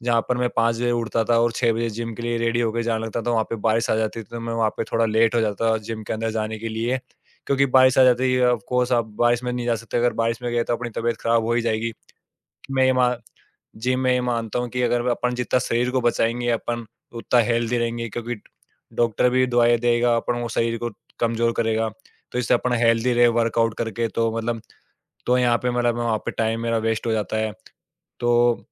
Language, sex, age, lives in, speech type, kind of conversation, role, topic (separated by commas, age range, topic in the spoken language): Hindi, male, 20-24, India, conversation, podcast, guest, Monsoon aane par tumhara roz ka routine kaise badal jaata hai?